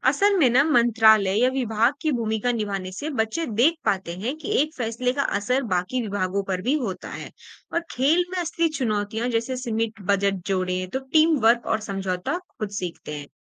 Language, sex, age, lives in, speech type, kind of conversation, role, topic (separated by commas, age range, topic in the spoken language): Hindi, female, 25-29, India, read, expert-assistant, expert, अगर मैं अपने परिवार या दोस्तों के साथ शासन प्रणालियों पर चर्चा करना चाहूँ, तो कौन से आसान और दिलचस्प स्रोत देख सकता हूँ?